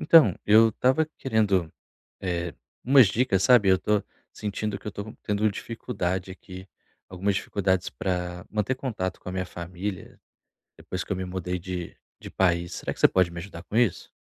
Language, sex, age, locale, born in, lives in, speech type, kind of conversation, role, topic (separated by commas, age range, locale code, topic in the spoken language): Portuguese, male, 30-34, pt-BR, Brazil, Portugal, conversation, advice, user, Como posso manter contato com minha família e amigos à distância?